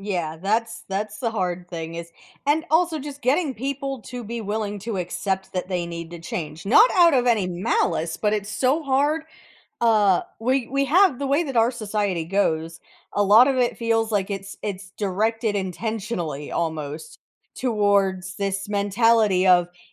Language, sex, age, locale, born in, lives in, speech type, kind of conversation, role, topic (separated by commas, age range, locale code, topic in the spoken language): English, female, 35-39, en-US, United States, United States, conversation, podcast, host, What are some realistic ways individuals can help protect the environment and inspire others to do the same?